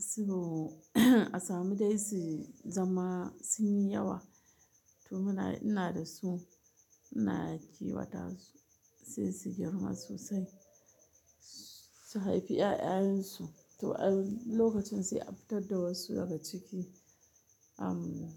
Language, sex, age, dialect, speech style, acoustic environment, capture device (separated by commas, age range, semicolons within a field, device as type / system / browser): Hausa, female, 35-44, Nigeria - Kano, happy, silence, mobile / Linux / Chrome